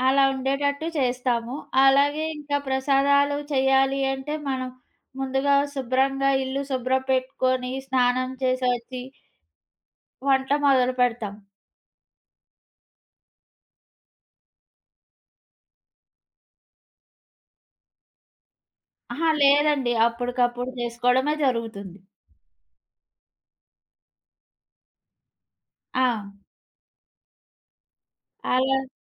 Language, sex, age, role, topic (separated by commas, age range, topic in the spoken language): Telugu, female, 25-29, guest, మీ ఇంట్లో తరతరాలుగా కొనసాగుతున్న ఆచారం ఏది?